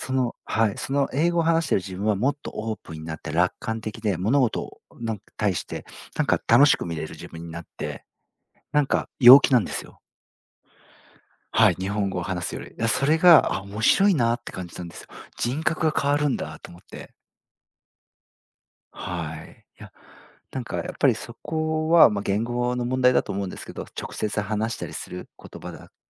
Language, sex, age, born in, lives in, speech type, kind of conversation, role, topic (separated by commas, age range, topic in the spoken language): Japanese, male, 40-44, Japan, Japan, conversation, podcast, guest, 学び続けるモチベーションをどう保っていますか？